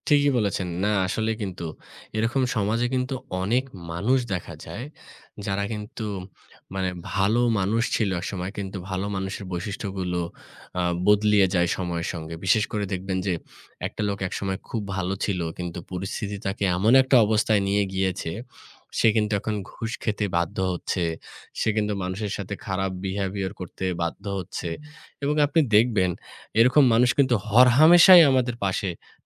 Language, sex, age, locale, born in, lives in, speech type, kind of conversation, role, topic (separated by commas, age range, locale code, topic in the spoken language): Bengali, male, 25-29, bn-BD, Bangladesh, Bangladesh, conversation, unstructured, none, আপনার কাছে ভালো মানুষের সংজ্ঞা কী?